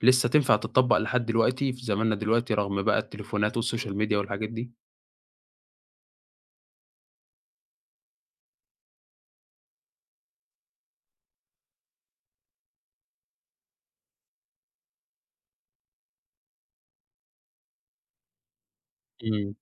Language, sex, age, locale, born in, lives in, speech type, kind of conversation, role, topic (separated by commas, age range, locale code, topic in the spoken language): Arabic, male, 20-24, ar-EG, Egypt, Egypt, conversation, podcast, host, إيه المسلسل اللي بتفتكره من طفولتك ولسه فاكره لحد دلوقتي؟